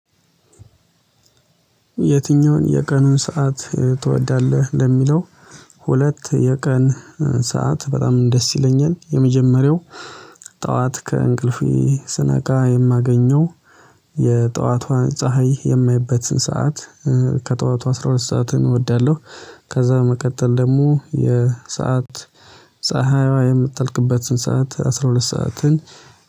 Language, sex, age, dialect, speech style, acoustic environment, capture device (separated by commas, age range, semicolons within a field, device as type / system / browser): Amharic, male, 25-34, Ethiopia - Addis Ababa, excited; happy, silence, mobile / Linux / Chrome